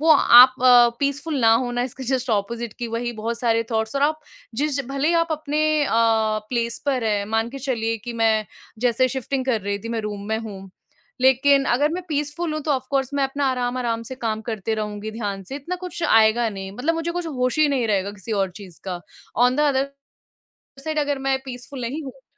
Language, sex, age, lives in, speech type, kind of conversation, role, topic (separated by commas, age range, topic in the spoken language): Hindi, female, 25-29, India, conversation, podcast, guest, Jab sab kuch change ho raha ho toh peace kaise banaye rakhte ho?